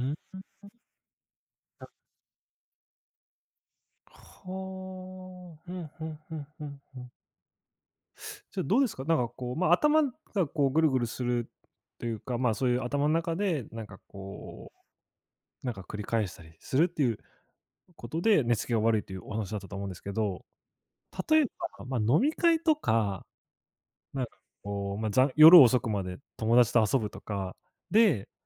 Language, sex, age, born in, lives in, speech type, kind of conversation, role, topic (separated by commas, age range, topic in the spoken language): Japanese, male, 25-29, Japan, Japan, conversation, podcast, host, 寝付きが悪い夜は、どのように対処していますか？具体的な方法を教えてください？